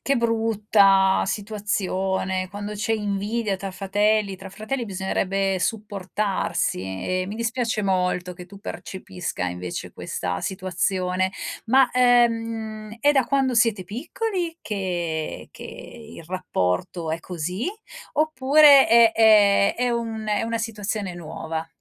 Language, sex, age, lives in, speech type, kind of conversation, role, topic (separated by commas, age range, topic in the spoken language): Italian, female, 45-49, Italy, conversation, advice, advisor, Come posso stabilire limiti chiari tra me e mio fratello?